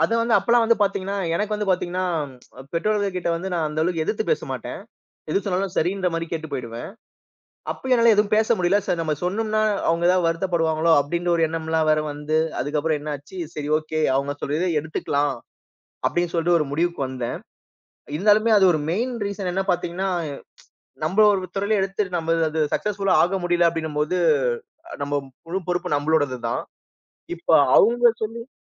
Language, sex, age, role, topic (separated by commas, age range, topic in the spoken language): Tamil, male, 30-34, guest, திடீரென்று திட்டம் மாறும் போது அதை நீங்கள் எப்படி சமாளிக்கிறீர்கள்?